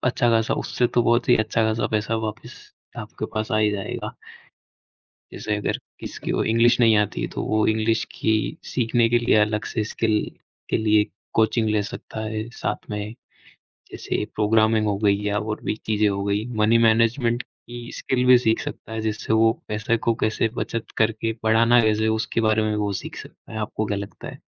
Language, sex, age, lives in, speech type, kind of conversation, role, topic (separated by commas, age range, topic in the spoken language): Hindi, male, 20-24, India, conversation, unstructured, none, पैसे बचाने के लिए सबसे अच्छी आदत क्या हो सकती है?